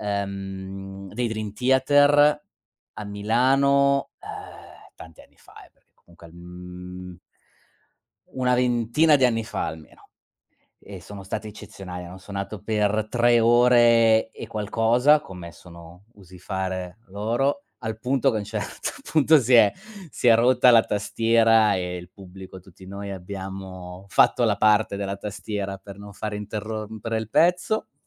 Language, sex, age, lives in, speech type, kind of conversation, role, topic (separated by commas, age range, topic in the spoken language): Italian, male, 40-44, Germany, conversation, unstructured, none, Qual è il ricordo più bello che hai legato a un concerto o a una festa musicale?